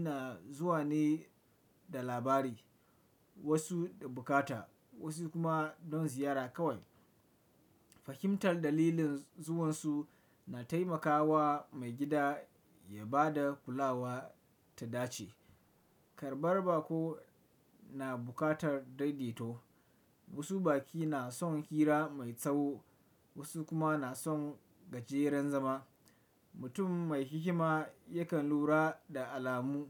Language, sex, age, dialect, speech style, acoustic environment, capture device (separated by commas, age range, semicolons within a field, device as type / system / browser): Hausa, male, 25-34, Nigeria - Kano, relaxed; focused, nature, desktop / Windows / Chrome